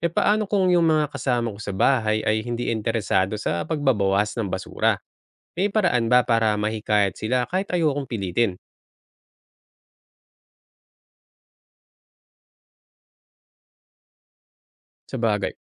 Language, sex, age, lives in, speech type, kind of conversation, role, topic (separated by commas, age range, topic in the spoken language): Filipino, male, 35-39, Philippines, read, expert-assistant, asker, Paano ko mahahanap ang balanse sa pagitan ng pagiging praktikal at pagiging responsable sa kalikasan sa mga desisyon ko sa buhay?